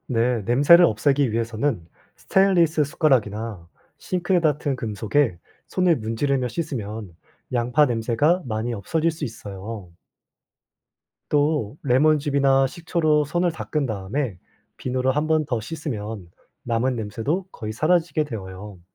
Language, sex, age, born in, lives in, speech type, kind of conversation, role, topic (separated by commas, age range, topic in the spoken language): Korean, male, 20-24, South Korea, South Korea, read, expert-assistant, expert, 왜 양파를 자르면 눈물이 나나요?